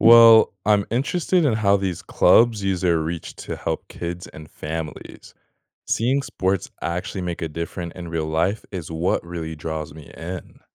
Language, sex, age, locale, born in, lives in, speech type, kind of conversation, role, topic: English, male, 18-19, en-US, United States, United States, read, expert-assistant, asker, How do sports influence social change?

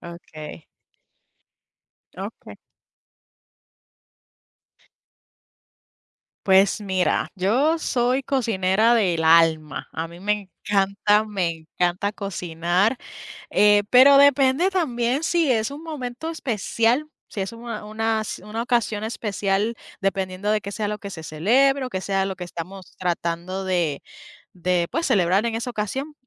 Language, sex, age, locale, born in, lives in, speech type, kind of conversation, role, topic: Spanish, female, 30-34, es-US, Puerto Rico, United States, conversation, unstructured, none, ¿Cuál es tu comida favorita para un día especial?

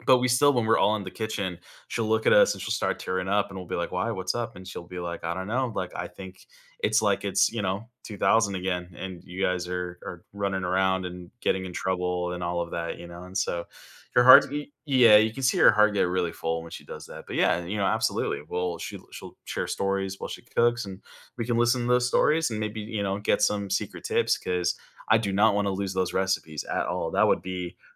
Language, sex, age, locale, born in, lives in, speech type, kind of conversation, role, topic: English, male, 30-34, en-US, United States, United States, conversation, advice, user, How can I deepen my meaningful relationships and build stronger emotional connections?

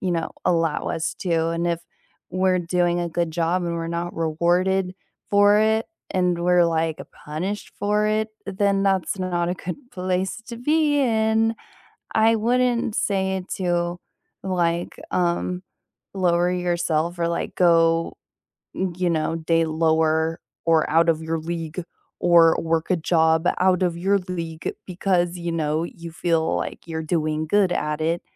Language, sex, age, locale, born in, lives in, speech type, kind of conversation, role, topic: English, female, 20-24, en-US, United States, United States, conversation, unstructured, none, How has your idea of a good job evolved from your first gigs to now, and why?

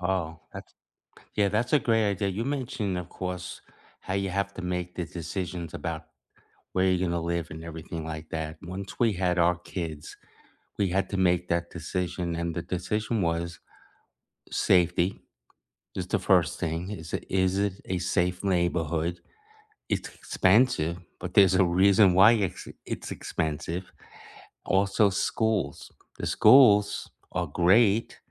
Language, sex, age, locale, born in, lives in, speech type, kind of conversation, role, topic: English, male, 65-69, en-US, United States, United States, conversation, unstructured, none, What class do you wish your school had offered when you were younger, and how might it have changed your life?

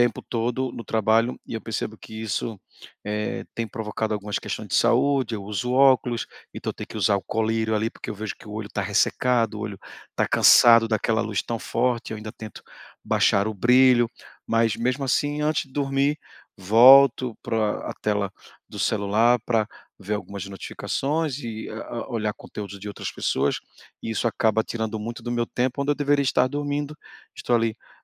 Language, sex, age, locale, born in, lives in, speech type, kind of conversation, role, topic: Portuguese, male, 40-44, pt-BR, Brazil, Portugal, conversation, advice, user, Como posso melhorar a qualidade do meu sono e acordar com mais energia?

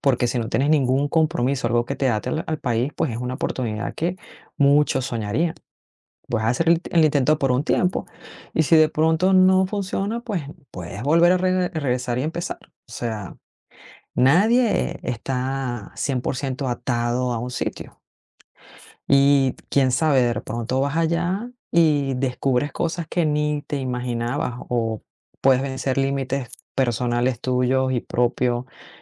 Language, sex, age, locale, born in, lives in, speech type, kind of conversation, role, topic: Spanish, female, 45-49, es-VE, Venezuela, United States, conversation, advice, advisor, ¿Debería aceptar una oferta de trabajo en el extranjero o mantener mi vida actual?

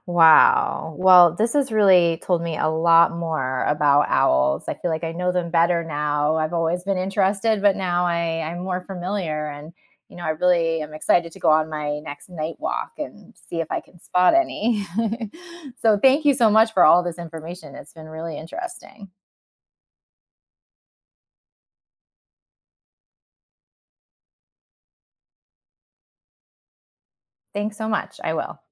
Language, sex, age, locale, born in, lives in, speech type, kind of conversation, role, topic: English, female, 40-44, en-US, United States, United States, read, expert-assistant, asker, Why do owls have such good night vision?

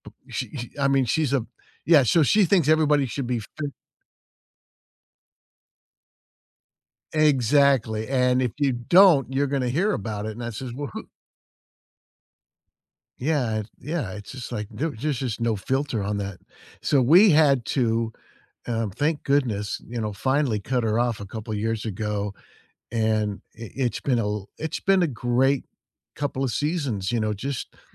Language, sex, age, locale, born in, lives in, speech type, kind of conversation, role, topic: English, male, 60-64, en-US, United States, United States, conversation, unstructured, none, How should I handle family disagreements during the holidays?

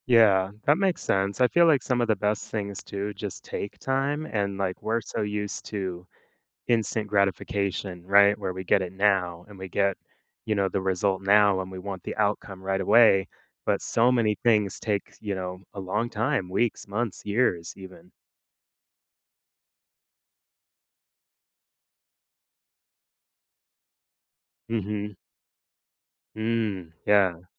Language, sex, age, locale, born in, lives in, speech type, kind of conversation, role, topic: English, male, 30-34, en-US, United States, United States, conversation, podcast, host, What helps you keep going when progress is slower than you hoped?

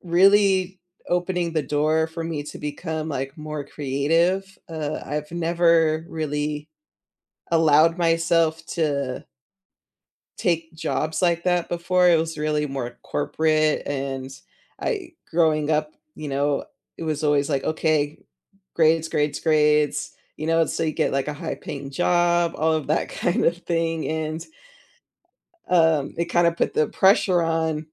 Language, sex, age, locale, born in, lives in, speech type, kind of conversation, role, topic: English, female, 35-39, en-US, United States, United States, conversation, unstructured, none, What hobby surprised you by how much you enjoyed it?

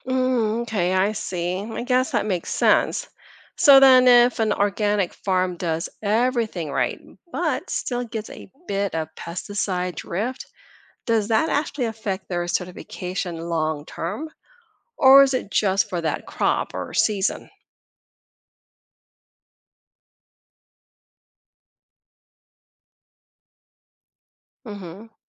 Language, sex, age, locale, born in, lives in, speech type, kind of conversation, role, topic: English, female, 50-54, en-US, Philippines, United States, read, expert-assistant, asker, What defines organic food and labeling differences?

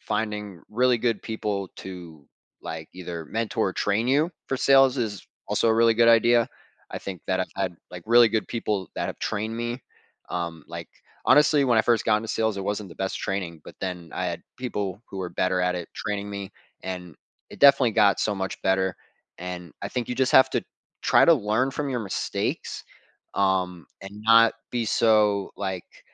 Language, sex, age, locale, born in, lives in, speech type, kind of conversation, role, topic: English, male, 20-24, en-US, United States, United States, conversation, podcast, guest, How do you handle the most difficult aspects of your work?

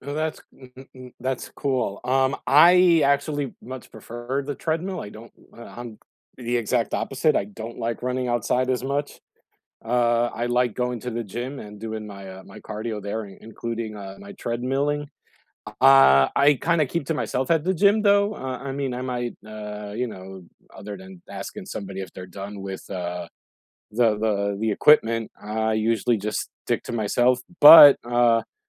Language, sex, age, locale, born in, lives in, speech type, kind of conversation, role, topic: English, male, 45-49, en-US, United States, United States, conversation, unstructured, none, What are your favorite ways to stay active with friends and feel more connected?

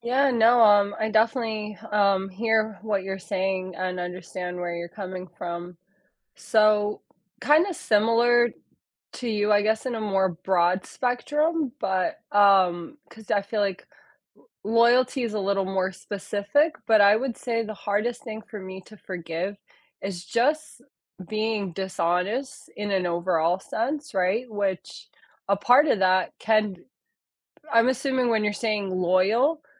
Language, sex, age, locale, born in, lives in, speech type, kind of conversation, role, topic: English, female, 30-34, en-US, United States, United States, conversation, unstructured, none, What do you think is the hardest thing to forgive?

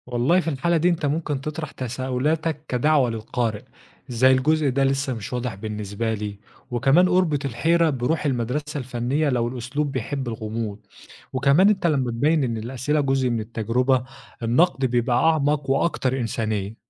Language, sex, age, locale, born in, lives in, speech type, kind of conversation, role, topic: Arabic, male, 25-29, ar-EG, Egypt, Egypt, read, expert-assistant, expert, إزاي أقدر أنظم أفكاري لما أجي أكتب نقد فني عن لوحة أو عمل فني معقد؟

